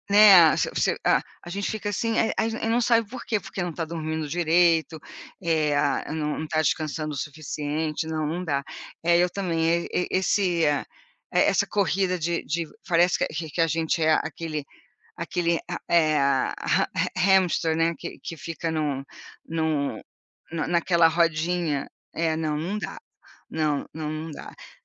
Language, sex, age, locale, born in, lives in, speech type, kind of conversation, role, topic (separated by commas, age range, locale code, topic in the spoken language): Portuguese, female, 60-64, pt-BR, Brazil, United States, conversation, unstructured, none, Qual é a importância de reservar momentos para descansar a mente?